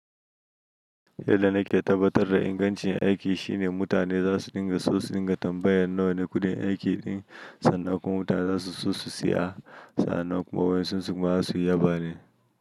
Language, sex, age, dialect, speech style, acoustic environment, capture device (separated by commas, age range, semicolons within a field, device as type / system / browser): Hausa, male, 25-34, Nigeria - Nigerian Standard, happy, silence, mobile / Linux / Chrome